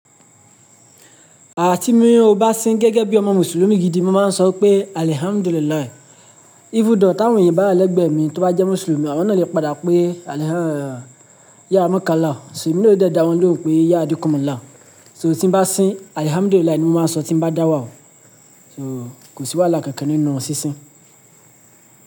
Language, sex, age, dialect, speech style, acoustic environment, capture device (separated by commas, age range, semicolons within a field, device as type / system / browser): Yoruba, male, 25-34, Nigeria - Lagos Yoruba, happy; relaxed; focused; excited, silence, mobile / Linux / Chrome